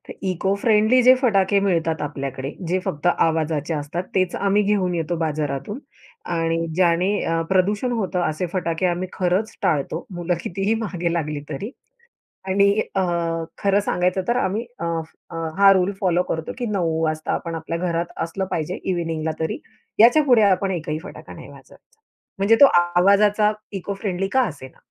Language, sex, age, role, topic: Marathi, female, 30-34, guest, आगामी सणांच्या तयारीसाठी तुम्ही घरी काय-काय करता?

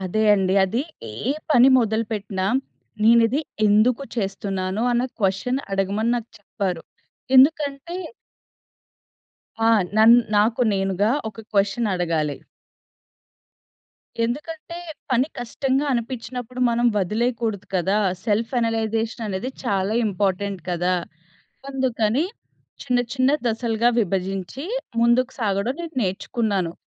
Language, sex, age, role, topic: Telugu, female, 25-29, guest, మీరు ప్రత్యేకమైన గురువు లేదా మార్గదర్శిని కలిసిన అనుభవం ఏమిటి?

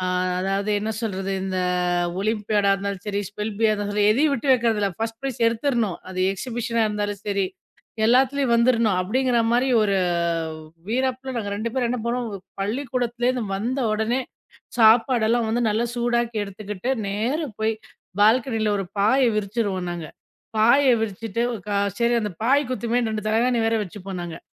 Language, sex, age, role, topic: Tamil, female, 40-44, guest, வேலை காரணமாக உங்களால் ஏதேனும் தியாகம் செய்ய வேண்டியிருந்ததா?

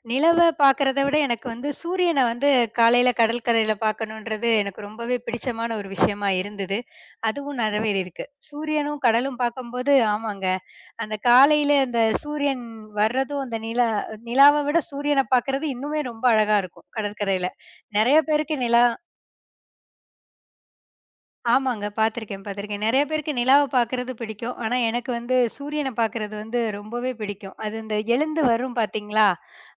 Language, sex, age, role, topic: Tamil, female, 30-34, guest, கடற்கரை வழியாக நடந்த அனுபவம் உங்களுக்கு எப்படி இருந்தது?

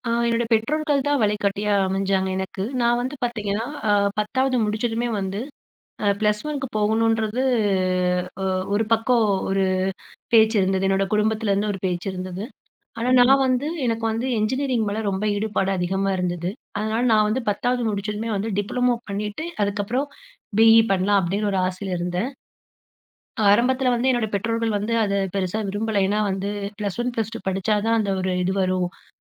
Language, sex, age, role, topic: Tamil, female, 30-34, guest, ஒரு நல்ல வழிகாட்டி எப்படி இருக்க வேண்டும்?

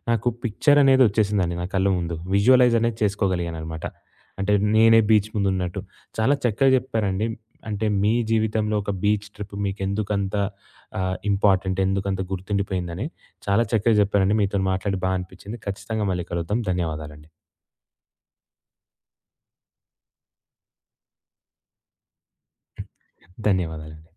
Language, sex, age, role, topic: Telugu, male, 20-24, host, ఒక బీచ్ ట్రిప్ మీకు ఎందుకు గుర్తుండిపోయిందో చెప్పగలరా?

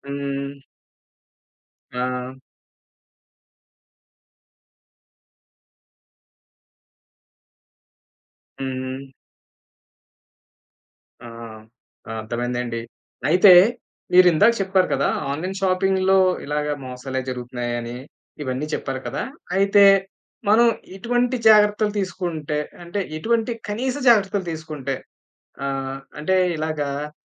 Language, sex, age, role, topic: Telugu, male, 30-34, host, ఆన్‌లైన్‌లో కొనుగోలు చేసేటప్పుడు ఏ ఏ జాగ్రత్తలు తీసుకోవాలి?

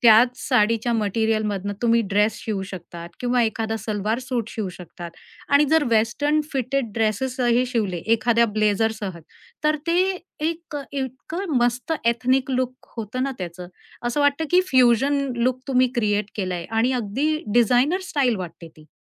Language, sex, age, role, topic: Marathi, female, 45-49, guest, नवे कपडे न घेता तुमची स्टाईल कशी सुधारू शकता?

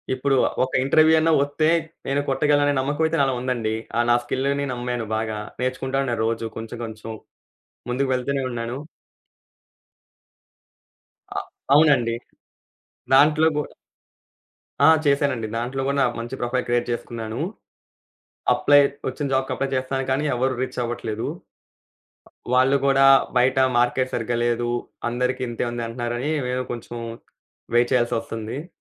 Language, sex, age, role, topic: Telugu, male, 20-24, guest, ఏ ప్రదేశానికి వెళ్లిన అనుభవం వల్ల మీరు ఒక జీవిత పాఠం నేర్చుకున్నారు?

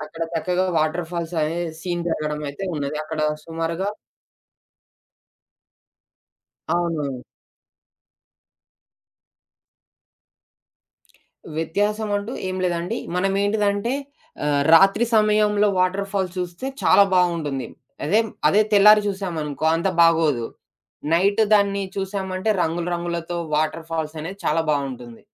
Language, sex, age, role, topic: Telugu, male, 20-24, guest, మీరు చూసిన అత్యంత అందమైన దృశ్యం ఏది, అది మీకు ఎందుకు ముఖ్యంగా అనిపించింది?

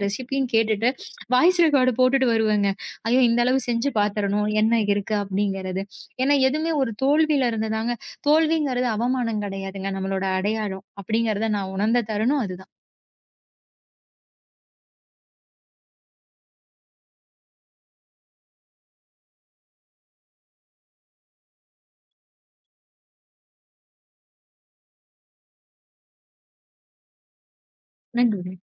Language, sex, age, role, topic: Tamil, female, 30-34, guest, சமையலில் நடந்த ஒரு தோல்வி, எதிர்பாராத விதத்தில் சாதனைப்போல மாறிய ஒரு உணவாக உருவான அனுபவம் உங்களுக்குண்டா?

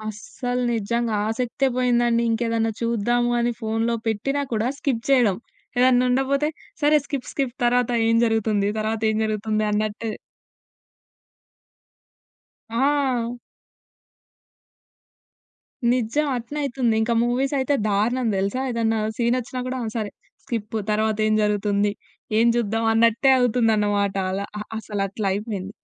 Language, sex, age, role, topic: Telugu, female, 20-24, guest, షార్ట్ వీడియోలు మీ ఆసక్తిని ఎలా మార్చాయి?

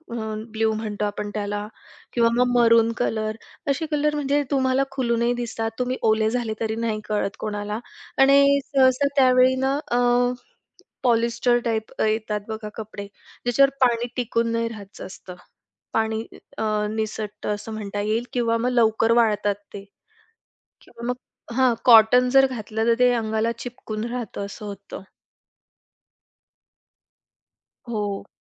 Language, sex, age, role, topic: Marathi, female, 25-29, guest, रोजच्या कपड्यांमध्ये आराम आणि परंपरा कशी जुळवता?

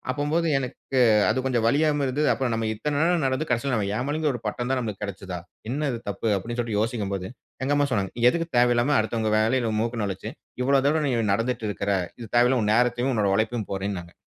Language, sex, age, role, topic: Tamil, male, 35-39, guest, தன்னை சமாளிக்க முடியாதபோது நீங்கள் யாரை அணுகுகிறீர்கள்?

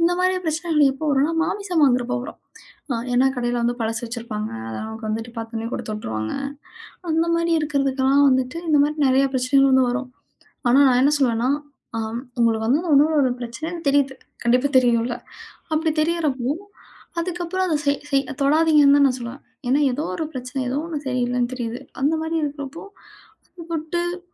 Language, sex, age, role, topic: Tamil, female, 35-39, guest, தவறாக தயாரான உணவை விரைவாகச் சீர்செய்வது எப்படி?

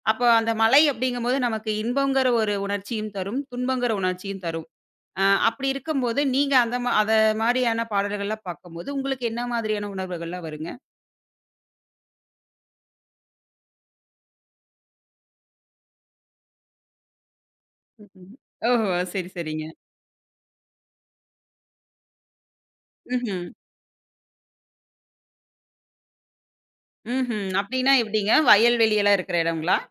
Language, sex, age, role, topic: Tamil, female, 25-29, host, மழை பெய்கிறதுபோல் உங்களை உணரச் செய்யும் ஒரு பாடல் உங்களுக்கு இருக்கிறதா?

